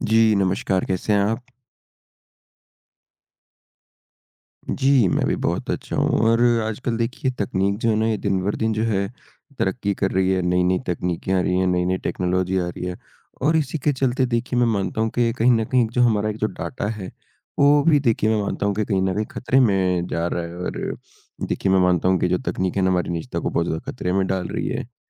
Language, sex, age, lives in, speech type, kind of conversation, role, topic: Hindi, male, 20-24, India, conversation, unstructured, none, क्या आपको लगता है कि तकनीक हमारी निजता को खतरे में डाल रही है?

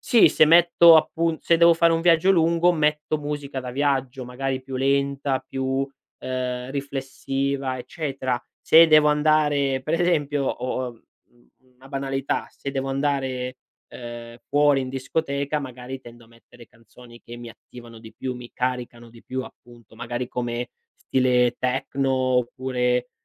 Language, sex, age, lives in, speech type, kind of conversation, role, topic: Italian, male, 25-29, Italy, conversation, podcast, guest, Come descriveresti il tuo gusto musicale oggi?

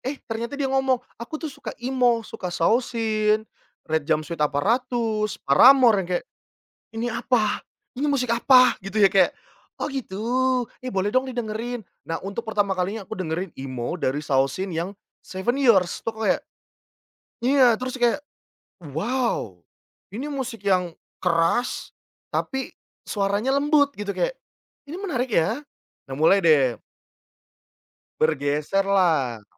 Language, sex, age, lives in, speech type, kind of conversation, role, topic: Indonesian, male, 30-34, Indonesia, conversation, podcast, guest, Bagaimana selera musikmu berubah dari dulu hingga sekarang?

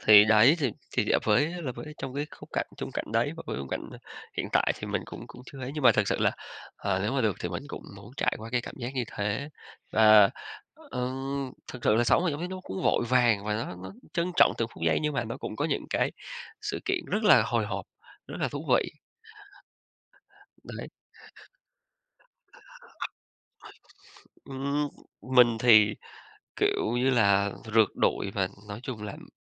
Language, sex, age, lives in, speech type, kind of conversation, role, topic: Vietnamese, male, 35-39, Vietnam, conversation, podcast, guest, Thế giới giả tưởng nào bạn muốn thử sống nhất?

